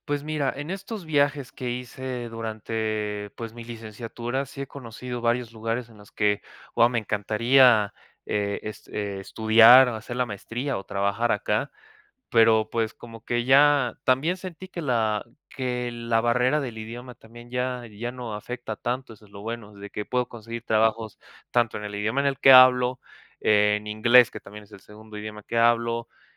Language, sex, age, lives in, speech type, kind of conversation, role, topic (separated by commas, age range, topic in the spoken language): Spanish, male, 20-24, Mexico, conversation, advice, user, ¿Por dónde empiezo para cambiar de entorno y desbloquear mi creatividad?